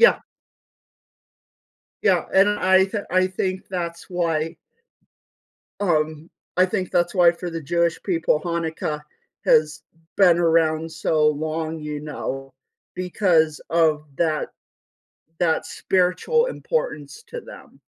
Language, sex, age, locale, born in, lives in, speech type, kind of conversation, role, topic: English, male, 25-29, en-US, United States, United States, conversation, podcast, guest, What influences the way traditions evolve in our lives?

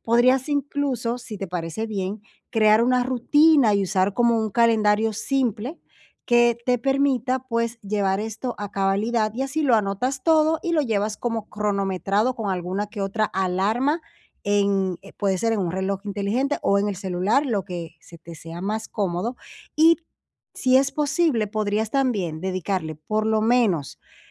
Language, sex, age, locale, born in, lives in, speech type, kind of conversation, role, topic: Spanish, female, 30-34, es-DO, Dominican Republic, Portugal, conversation, advice, advisor, ¿Cómo puedo gestionar mejor mis responsabilidades y mi tiempo ahora?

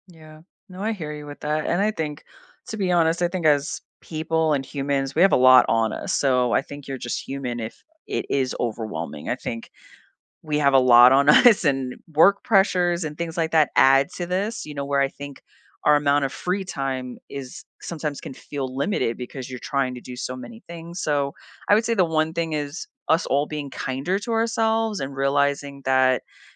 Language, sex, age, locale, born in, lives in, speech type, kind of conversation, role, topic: English, female, 40-44, en-US, United States, United States, conversation, unstructured, none, How do you recharge after a busy day or week?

